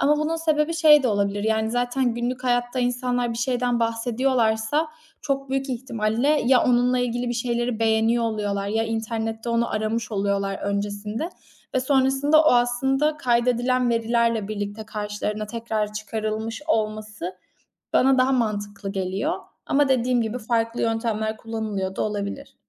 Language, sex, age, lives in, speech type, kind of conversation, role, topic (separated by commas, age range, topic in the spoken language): Turkish, female, 25-29, Italy, conversation, podcast, guest, Dijital algoritmalar film ve müzik zevklerini nasıl yönlendiriyor?